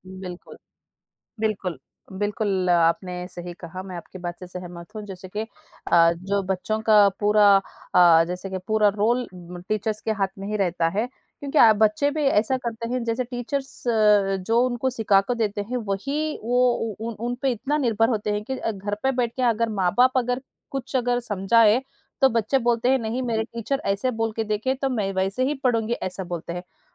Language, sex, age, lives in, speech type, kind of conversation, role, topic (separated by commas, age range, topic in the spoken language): Hindi, female, 40-44, India, conversation, unstructured, none, पढ़ाई में शिक्षकों की क्या भूमिका होती है?